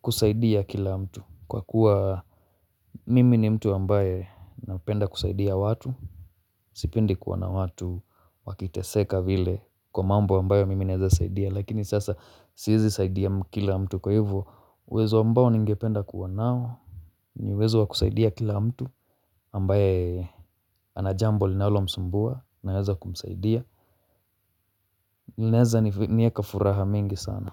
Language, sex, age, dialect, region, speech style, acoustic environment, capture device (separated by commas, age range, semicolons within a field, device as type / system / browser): Swahili, male, 25-34, Kenya - Mombasa, Kenya, relaxed, silence, mobile / Linux / Chrome